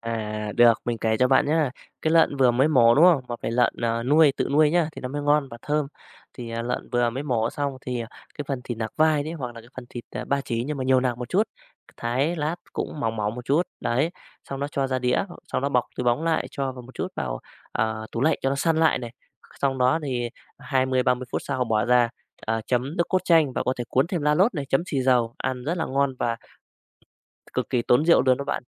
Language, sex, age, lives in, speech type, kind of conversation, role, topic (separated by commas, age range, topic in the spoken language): Vietnamese, male, 35-39, Vietnam, conversation, podcast, guest, Món ăn nào khiến bạn nhớ nhất về gia đình mình?